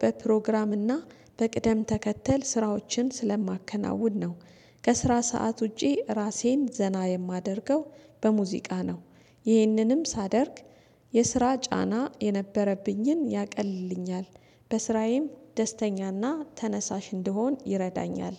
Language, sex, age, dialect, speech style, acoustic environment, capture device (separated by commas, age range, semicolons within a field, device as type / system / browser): Amharic, female, 35-44, Ethiopia - Addis Ababa, relaxed, silence, desktop / Windows / Chrome